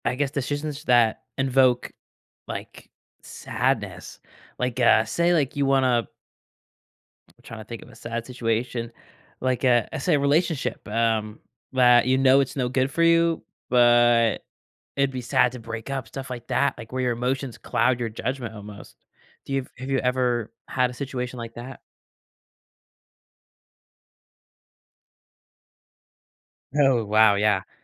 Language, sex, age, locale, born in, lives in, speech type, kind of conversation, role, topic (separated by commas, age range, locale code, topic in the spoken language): English, male, 18-19, en-US, United States, United States, conversation, podcast, host, What helps you decide when facing a tough choice?